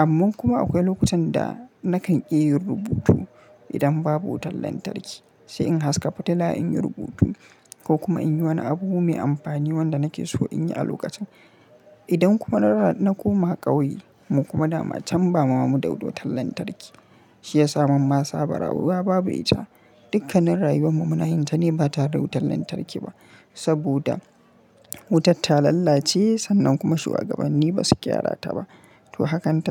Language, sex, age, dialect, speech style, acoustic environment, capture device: Hausa, male, 25-34, Nigeria - Kano, happy, silence, mobile / Linux / Chrome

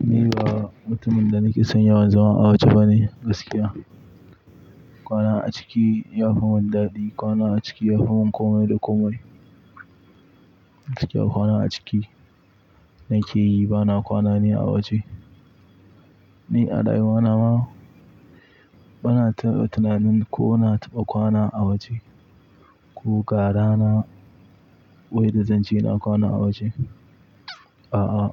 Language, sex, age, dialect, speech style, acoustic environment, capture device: Hausa, male, 18-24, Nigeria - Pidgin-influenced, relaxed; focused, nature, mobile / Linux / Chrome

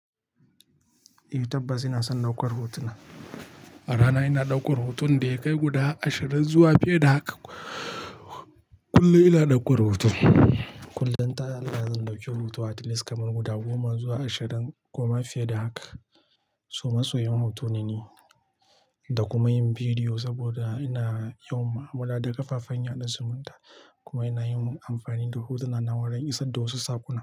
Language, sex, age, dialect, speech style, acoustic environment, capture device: Hausa, male, 25-34, Nigeria - Nigerian Standard, happy; relaxed, silence, mobile / Linux / Chrome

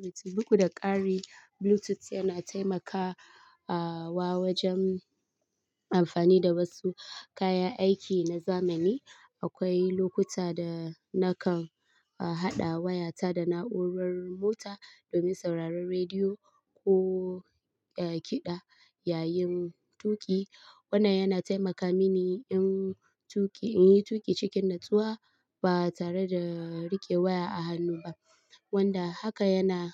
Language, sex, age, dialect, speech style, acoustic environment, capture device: Hausa, female, 25-34, Nigeria - Kano, happy; stressed; relaxed, people talking; appliances; silence, mobile / Linux / Chrome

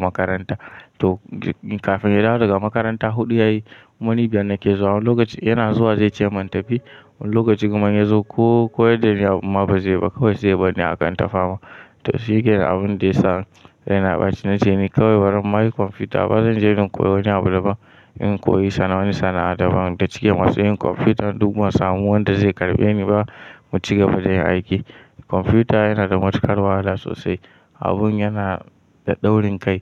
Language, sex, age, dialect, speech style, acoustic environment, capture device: Hausa, male, 18-24, Nigeria - Kano, tired; stressed, nature, mobile / Linux / Chrome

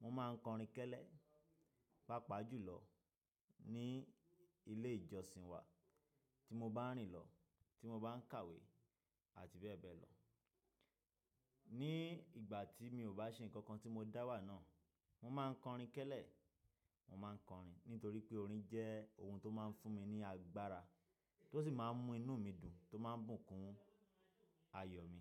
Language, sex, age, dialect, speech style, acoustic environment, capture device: Yoruba, male, 25-34, Nigeria - Nigerian Standard, happy, silence; nature, desktop / Linux / Chrome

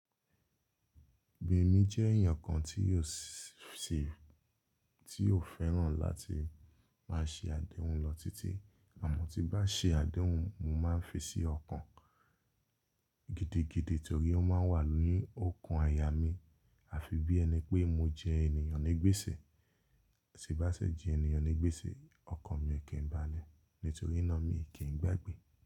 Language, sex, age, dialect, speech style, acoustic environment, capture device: Yoruba, male, 25-34, Nigeria - Lagos Yoruba, relaxed, nature; silence, mobile / Linux / Chrome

